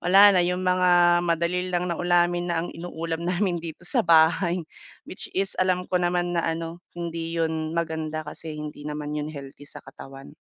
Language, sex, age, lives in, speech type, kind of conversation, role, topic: Filipino, female, 30-34, Philippines, conversation, advice, user, Paano ko maiiwasan ang mga madalas na distraksyon habang nagpo-focus ako?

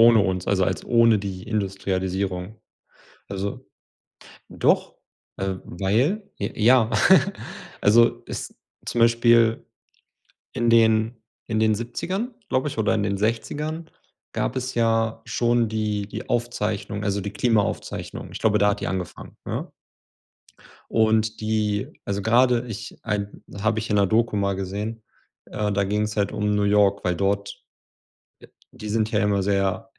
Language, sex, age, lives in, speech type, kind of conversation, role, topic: German, male, 30-34, Germany, conversation, unstructured, none, Wie bedrohen Naturkatastrophen unsere Gesellschaft?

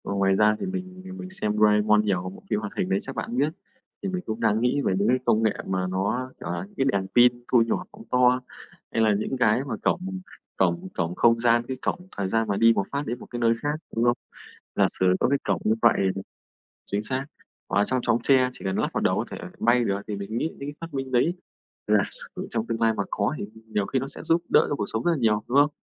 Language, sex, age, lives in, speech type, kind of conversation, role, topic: Vietnamese, male, 25-29, Vietnam, conversation, unstructured, none, Thiết bị công nghệ nào khiến bạn cảm thấy vui nhất?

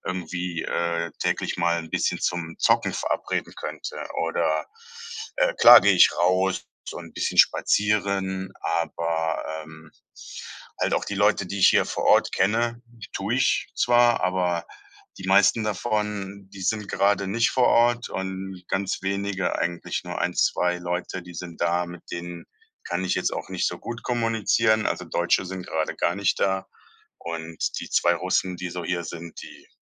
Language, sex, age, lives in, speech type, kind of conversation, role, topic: German, male, 35-39, Germany, conversation, advice, user, Wie finde ich innere Ruhe in einer unsicheren Lebensphase?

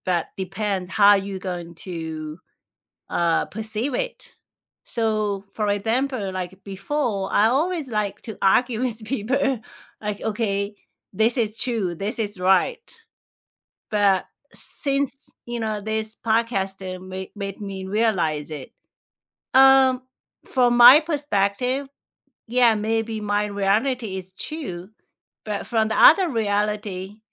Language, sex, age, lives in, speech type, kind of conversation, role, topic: English, female, 45-49, United States, conversation, unstructured, none, What is the best piece of advice you have heard lately, and how has it changed you?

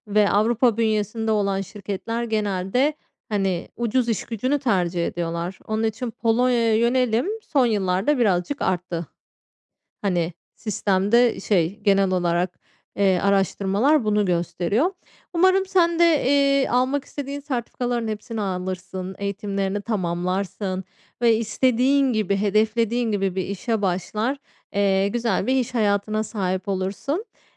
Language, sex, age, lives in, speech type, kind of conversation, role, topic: Turkish, female, 35-39, Spain, conversation, podcast, host, Kaynak seçerken nelere dikkat edersin?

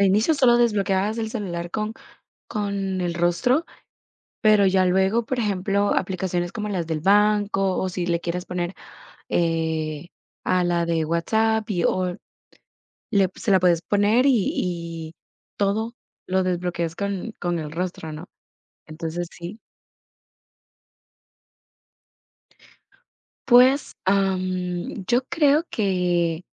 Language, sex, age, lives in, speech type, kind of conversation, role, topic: Spanish, female, 18-19, United States, conversation, unstructured, none, ¿Te ha sorprendido alguna vez lo rápido que avanza la tecnología?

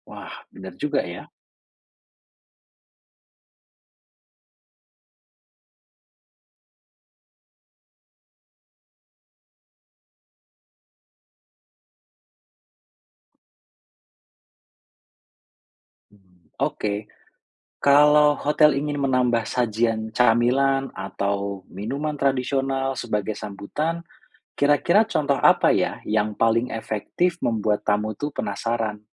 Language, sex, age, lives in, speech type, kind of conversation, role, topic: Indonesian, male, 35-39, Indonesia, read, expert-assistant, asker, Menurut kamu, seperti apa cara kreatif memadukan budaya lokal dengan layanan hotel biar wisatawan makin betah?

